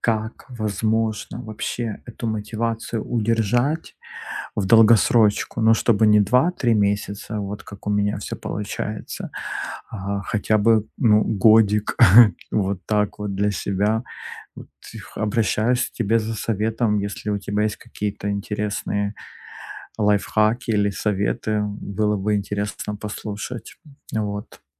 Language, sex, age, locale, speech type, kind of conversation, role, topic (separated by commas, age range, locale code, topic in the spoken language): Russian, male, 35-39, ru-RU, conversation, advice, user, Как сохранить мотивацию для долгих регулярных занятий спортом?